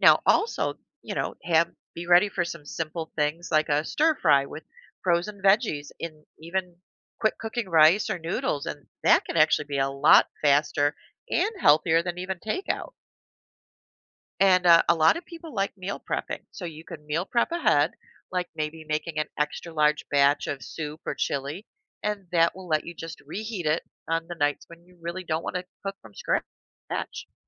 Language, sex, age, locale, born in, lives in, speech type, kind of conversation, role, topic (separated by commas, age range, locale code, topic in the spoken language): English, female, 55-59, en-US, United States, United States, read, expert-assistant, expert, How does fast food impact health?